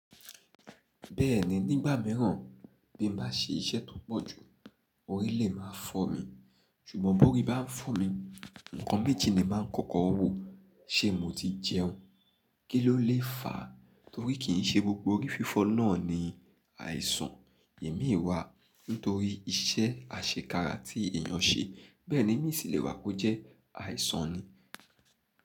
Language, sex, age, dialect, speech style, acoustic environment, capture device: Yoruba, male, 25-34, Nigeria - Nigerian Standard, happy, people talking, mobile / Linux / Chrome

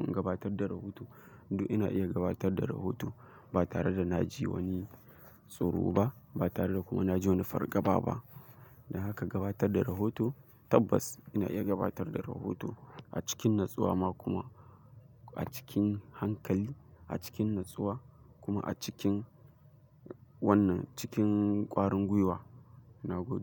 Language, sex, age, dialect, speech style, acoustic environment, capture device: Hausa, male, 18-24, Nigeria - Kano, excited; focused, nature, mobile / Linux / Chrome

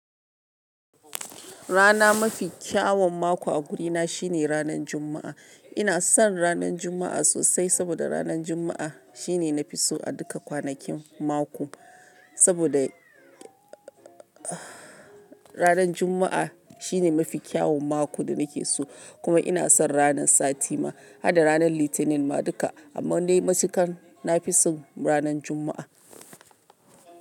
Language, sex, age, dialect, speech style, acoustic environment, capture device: Hausa, female, 35-44, Nigeria - Lagos Yoruba, anxious, silence, mobile / Linux / Chrome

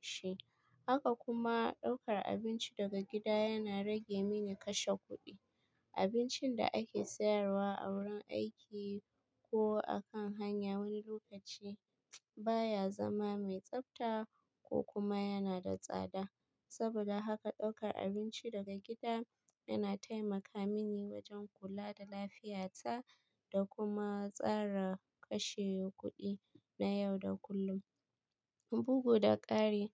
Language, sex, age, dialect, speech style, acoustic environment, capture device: Hausa, female, 25-34, Nigeria - Kano, happy; stressed; focused; tired, people talking; appliances, mobile / Linux / Chrome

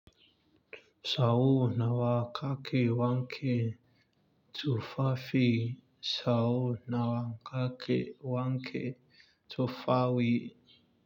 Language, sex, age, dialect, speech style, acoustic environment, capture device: Hausa, male, 25-34, Nigeria - Lagos Yoruba, focused, nature, mobile / Linux / Chrome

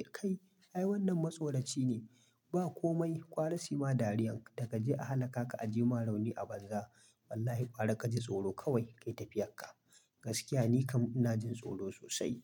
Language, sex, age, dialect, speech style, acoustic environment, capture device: Hausa, male, 25-34, Nigeria - Kano, happy; excited, silence, mobile / Linux / Chrome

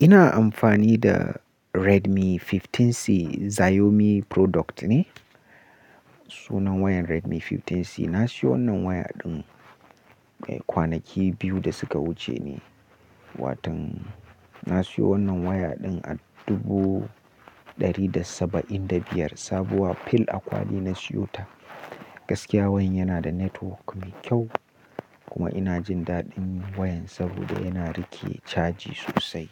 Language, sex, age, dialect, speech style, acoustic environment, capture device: Hausa, male, 18-24, Nigeria - Nigerian Standard, relaxed; focused; happy, nature; appliances, mobile / Linux / Chrome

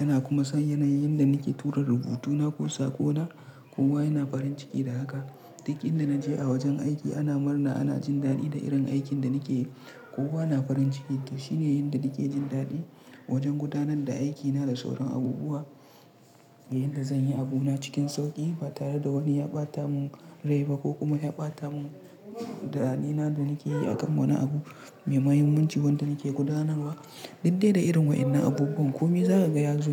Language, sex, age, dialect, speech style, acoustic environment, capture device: Hausa, male, 25-34, Nigeria - Kano, relaxed; tired; focused; stressed, silence; nature, mobile / Linux / Chrome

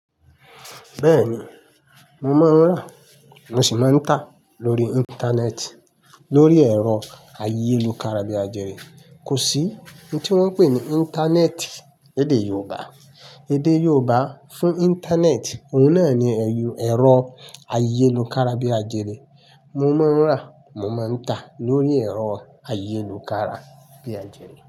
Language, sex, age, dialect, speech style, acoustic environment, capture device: Yoruba, male, 25-34, Nigeria - Ibadan, happy, silence, mobile / Linux / Chrome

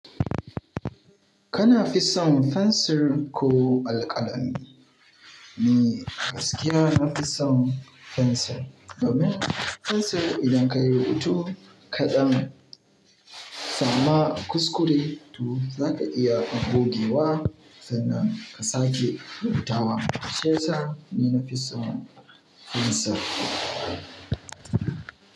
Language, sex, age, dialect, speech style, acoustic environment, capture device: Hausa, male, 18-24, Nigeria - Kano, relaxed, nature, mobile / Linux / Chrome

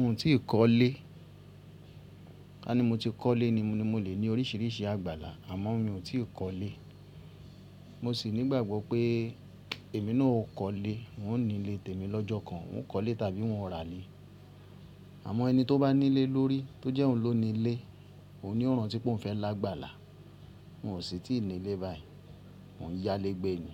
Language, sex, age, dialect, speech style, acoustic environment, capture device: Yoruba, male, 35-44, Nigeria - Ibadan, relaxed; happy, music; construction; appliances, mobile / Linux / Chrome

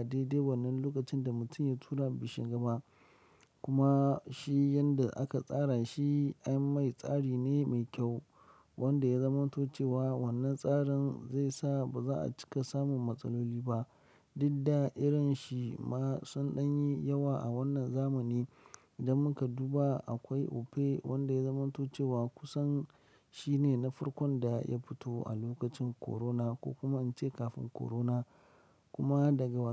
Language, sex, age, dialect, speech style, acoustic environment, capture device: Hausa, male, 25-34, Nigeria - Lagos Yoruba, happy; excited, silence, mobile / Linux / Chrome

